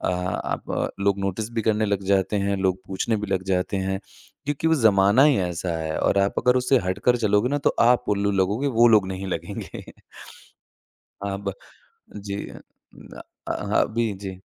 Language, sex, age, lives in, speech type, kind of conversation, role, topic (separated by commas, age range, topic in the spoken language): Hindi, male, 25-29, India, conversation, podcast, guest, क्या कभी फैशन ने आपकी पहचान बदल दी है?